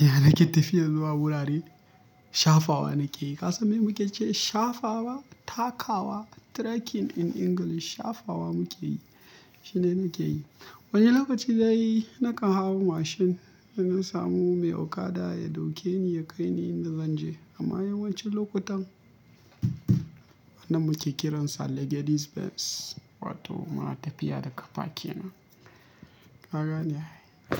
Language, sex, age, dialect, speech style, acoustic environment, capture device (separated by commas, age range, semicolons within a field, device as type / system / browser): Hausa, male, 25-34, Nigeria - Kano, happy, nature, mobile / Linux / Chrome